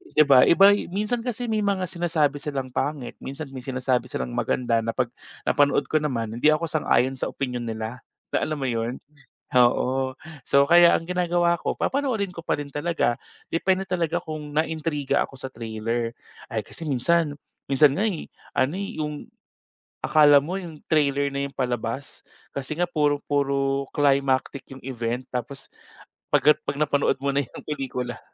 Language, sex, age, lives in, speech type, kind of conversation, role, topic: Filipino, male, 30-34, Philippines, conversation, podcast, guest, Paano nakaaapekto ang midyang panlipunan sa pagkalat at kasikatan ng pelikula?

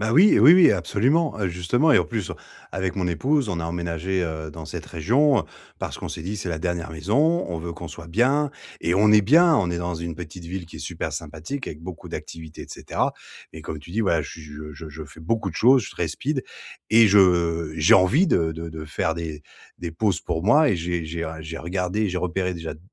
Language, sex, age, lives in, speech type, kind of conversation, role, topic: French, male, 40-44, France, conversation, advice, user, Comment puis-je maintenir un rythme de sommeil régulier malgré le stress quotidien ?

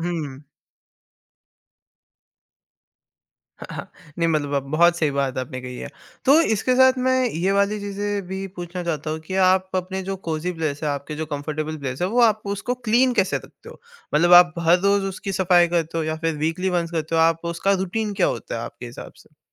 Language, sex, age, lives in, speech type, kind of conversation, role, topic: Hindi, male, 18-19, India, conversation, podcast, host, Tumhara ghar ka sabse cozy corner kaunsa hai, aur kyun itna comfy lagta hai?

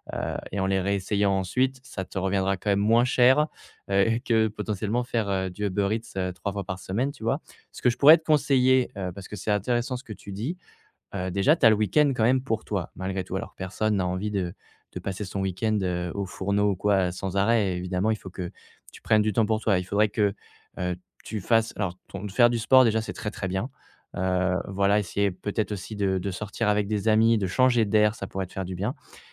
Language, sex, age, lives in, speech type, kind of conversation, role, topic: French, male, 25-29, France, conversation, advice, advisor, Pourquoi est-ce que je me sens coupable après avoir craqué pour un repas trop riche ?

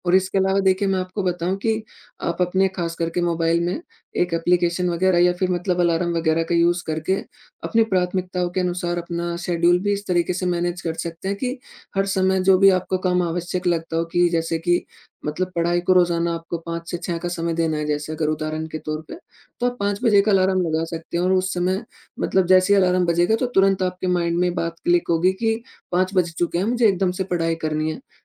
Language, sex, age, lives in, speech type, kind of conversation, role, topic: Hindi, male, 20-24, India, conversation, advice, advisor, ज़रूरी काम बार-बार टालना और समय पर पूरा न कर पाना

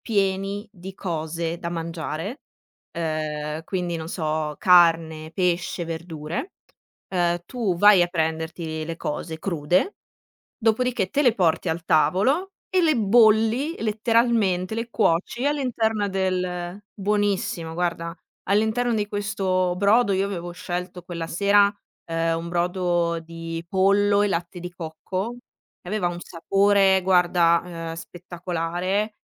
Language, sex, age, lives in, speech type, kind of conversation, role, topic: Italian, female, 25-29, Italy, conversation, podcast, guest, Come possiamo usare il cibo per unire le persone?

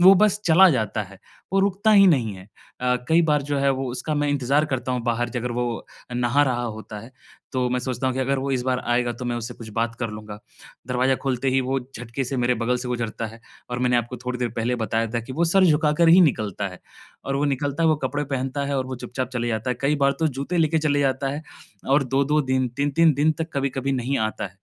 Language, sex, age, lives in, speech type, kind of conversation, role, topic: Hindi, male, 25-29, India, conversation, advice, user, संवाद कौशल और संघर्ष समाधान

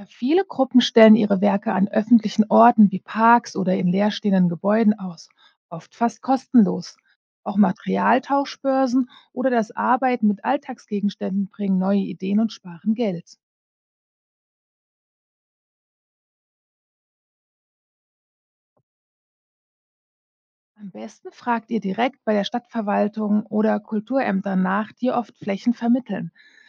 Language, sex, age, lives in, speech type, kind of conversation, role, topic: German, female, 40-44, United States, read, expert-assistant, expert, Wie kann man bei wenig Budget trotzdem ein spannendes Kunstprojekt auf die Beine stellen?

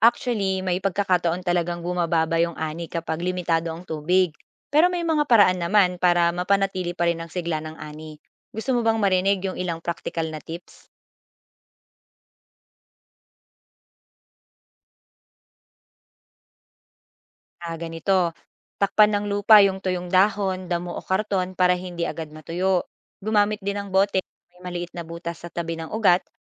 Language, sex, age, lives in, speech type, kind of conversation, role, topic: Filipino, female, 25-29, Philippines, read, expert-assistant, expert, Ano ang mga posibleng epekto kung mas maraming tao ang magsisimulang magtanim ng sarili nilang gulay at prutas sa kanilang komunidad?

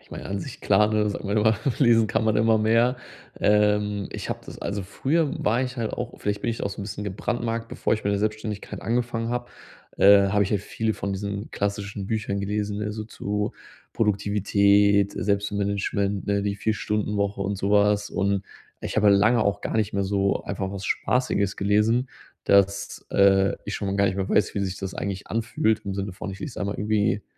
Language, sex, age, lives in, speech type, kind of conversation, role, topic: German, male, 30-34, Germany, conversation, advice, user, Wie kann ich abends besser abschalten, wenn ich vor dem Einschlafen ständig am Bildschirm bin?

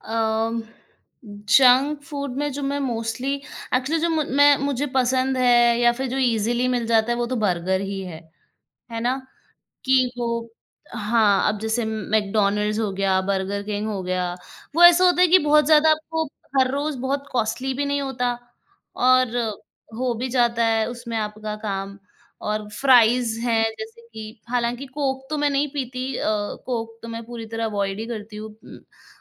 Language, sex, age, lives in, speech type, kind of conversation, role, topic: Hindi, female, 25-29, India, conversation, advice, user, आप काम के बाद लगातार जंक फ़ूड पर निर्भर क्यों हो जाते हैं?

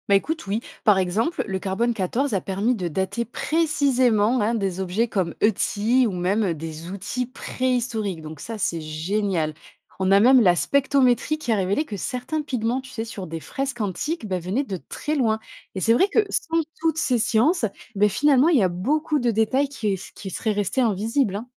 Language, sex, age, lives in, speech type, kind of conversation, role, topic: French, female, 25-29, France, read, expert-assistant, expert, Comment les archéologues choisissent-ils entre fouilles et techniques non-invasives ?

